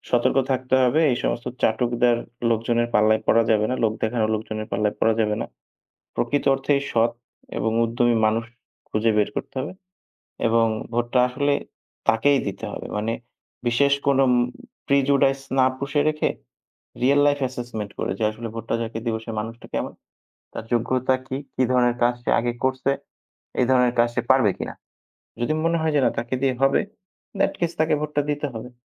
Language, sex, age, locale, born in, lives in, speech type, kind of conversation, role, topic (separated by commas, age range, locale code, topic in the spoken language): Bengali, male, 25-29, bn-BD, Bangladesh, Bangladesh, conversation, unstructured, none, ভোটাররা কীভাবে সঠিক সিদ্ধান্ত নিতে পারে?